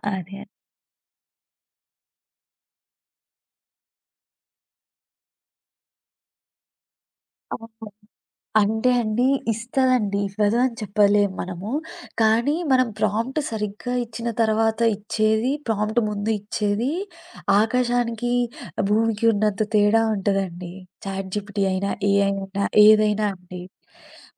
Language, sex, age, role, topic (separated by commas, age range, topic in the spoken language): Telugu, female, 25-29, guest, మీకు ఒక ఆలోచన బాగా నచ్చితే దాన్ని ఎలా అభివృద్ధి చేస్తారు?